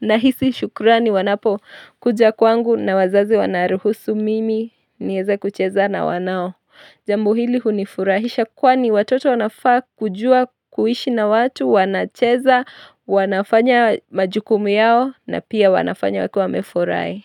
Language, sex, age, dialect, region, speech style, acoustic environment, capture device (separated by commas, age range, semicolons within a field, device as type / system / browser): Swahili, female, 25-34, Kenya - Nairobi Sheng-influenced, Kenya, nervous, nature, mobile / Linux / Chrome